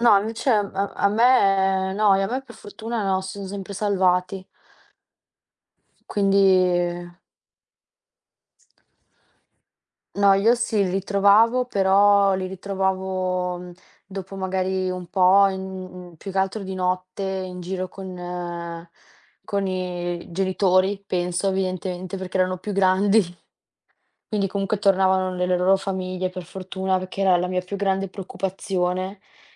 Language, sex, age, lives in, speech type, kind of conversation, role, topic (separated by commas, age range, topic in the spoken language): Italian, female, 20-24, Italy, conversation, unstructured, none, Come esprimi la tua personalità nella vita di tutti i giorni?